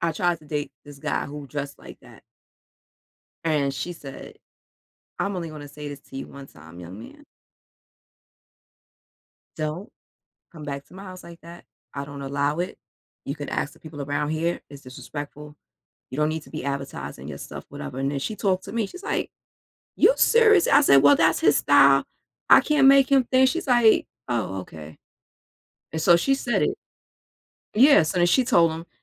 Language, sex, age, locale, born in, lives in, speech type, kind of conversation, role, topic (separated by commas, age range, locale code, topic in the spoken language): English, female, 40-44, en-US, United States, United States, conversation, podcast, guest, In what ways does personal style shape how we are perceived by others?